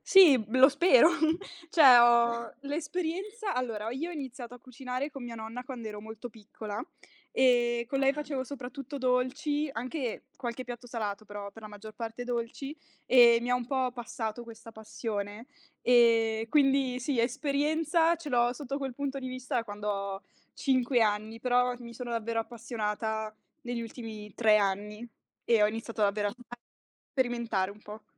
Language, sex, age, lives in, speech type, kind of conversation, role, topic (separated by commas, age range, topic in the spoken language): Italian, female, 20-24, Italy, conversation, podcast, guest, Che cosa ti piace di più del cucinare per gli altri?